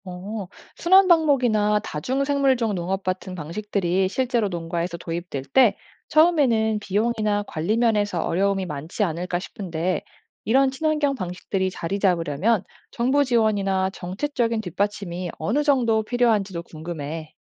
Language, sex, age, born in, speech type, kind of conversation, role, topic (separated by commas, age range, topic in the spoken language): Korean, female, 35-39, South Korea, read, expert-assistant, asker, 가축 사육에서 친환경적이고 창의적인 방법에는 무엇이 있을까요?